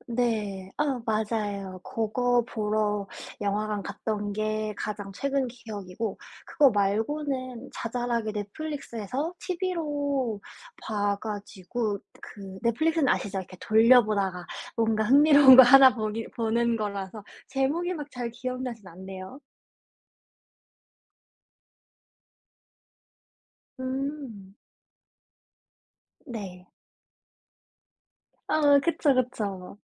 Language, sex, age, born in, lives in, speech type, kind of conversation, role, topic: Korean, female, 25-29, South Korea, United States, conversation, unstructured, none, 영화는 영화관에서 보는 게 더 좋으신가요, 아니면 집에서 편하게 보는 게 더 좋으신가요?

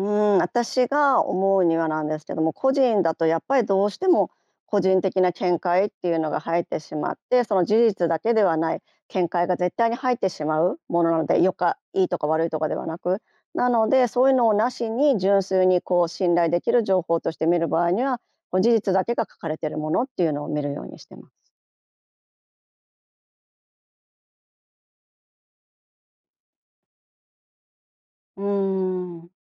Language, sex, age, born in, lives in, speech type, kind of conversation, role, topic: Japanese, female, 50-54, Japan, Japan, conversation, podcast, guest, ネット上で信頼できる情報はどのように見分けますか？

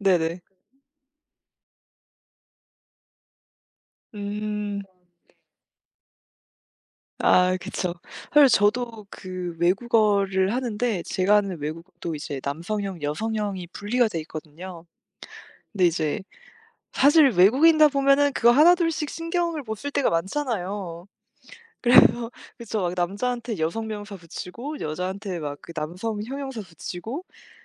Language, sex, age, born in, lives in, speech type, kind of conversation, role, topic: Korean, female, 25-29, South Korea, France, conversation, unstructured, none, 학교에서 가장 기억에 남는 수업은 무엇인가요?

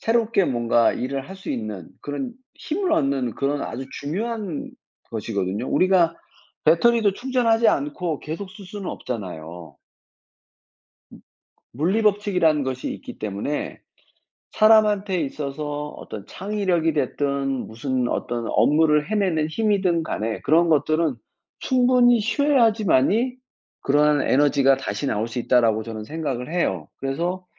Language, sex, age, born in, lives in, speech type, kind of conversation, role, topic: Korean, male, 55-59, South Korea, France, conversation, unstructured, none, 휴일에는 보통 무엇을 하며 시간을 보내시나요?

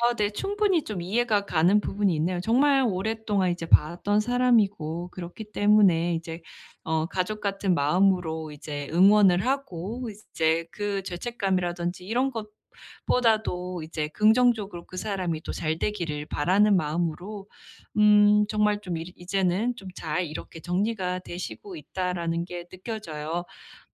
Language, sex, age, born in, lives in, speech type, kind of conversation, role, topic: Korean, female, 30-34, South Korea, United States, conversation, advice, advisor, 가족의 기대 때문에 죄책감을 자주 느낄 때 어떻게 하면 좋을까요?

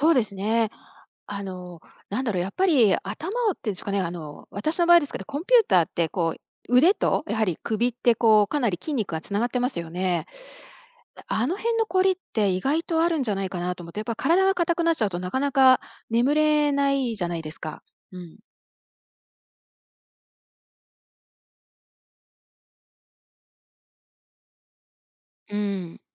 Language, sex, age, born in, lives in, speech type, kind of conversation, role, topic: Japanese, female, 55-59, Japan, United States, conversation, podcast, guest, 夜、眠れないときはどうすればいいですか？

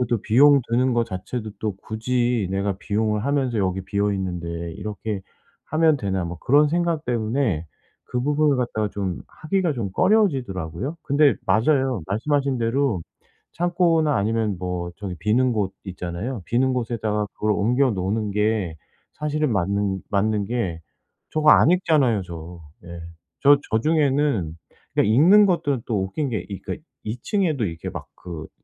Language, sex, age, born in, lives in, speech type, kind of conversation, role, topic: Korean, male, 45-49, South Korea, South Korea, conversation, advice, user, 소유를 줄이고 삶을 단순화하는 방법은 무엇인가요?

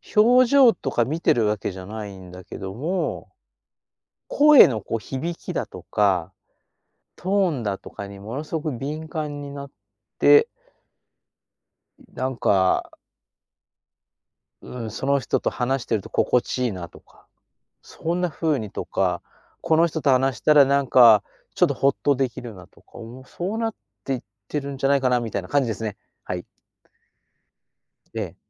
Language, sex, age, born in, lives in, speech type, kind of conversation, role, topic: Japanese, male, 60-64, Japan, Japan, conversation, podcast, guest, オンラインの友だちと深い関係を築けると思いますか？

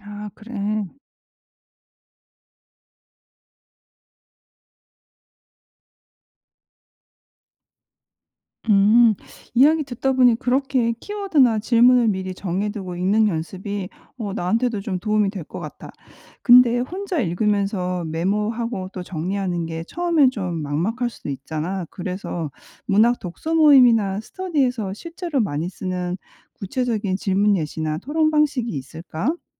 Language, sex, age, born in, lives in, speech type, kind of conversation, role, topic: Korean, female, 45-49, South Korea, United States, read, expert-assistant, asker, 현대문학 작품을 깊이 이해하려면 어떻게 읽어야 할까요?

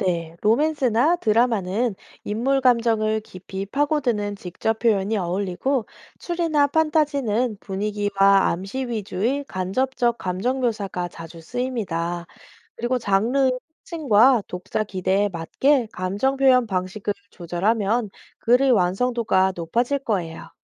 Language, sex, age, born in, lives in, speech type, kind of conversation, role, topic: Korean, female, 30-34, South Korea, South Korea, read, expert-assistant, expert, 창작할 때 내가 쓴 내용이 다른 사람에게도 잘 전달되는지 확인하는 방법이 있을까요?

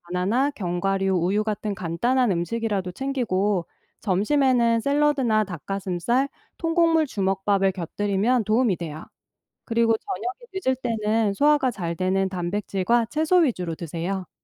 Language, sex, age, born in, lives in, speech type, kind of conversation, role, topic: Korean, female, 45-49, South Korea, United States, read, expert-assistant, expert, 바쁜 일상 속에서 건강 검진, 운동, 식습관 중 무엇에 가장 우선순위를 두어야 할까요?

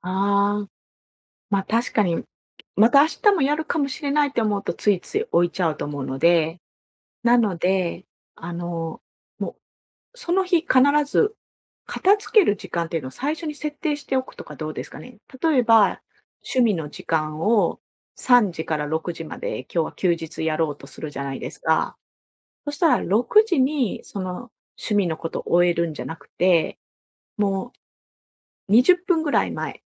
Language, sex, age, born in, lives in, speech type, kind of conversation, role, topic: Japanese, female, 45-49, Japan, Japan, conversation, advice, advisor, 小さな習慣を無理なく始めるにはどうすればいいですか？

